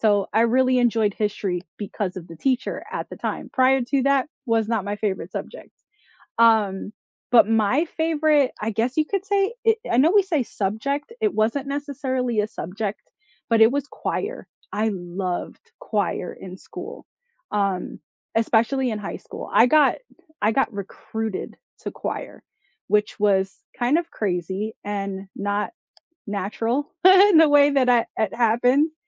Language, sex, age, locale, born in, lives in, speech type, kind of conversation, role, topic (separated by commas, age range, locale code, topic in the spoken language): English, female, 35-39, en-US, United States, United States, conversation, unstructured, none, What was your favorite subject in school, and why?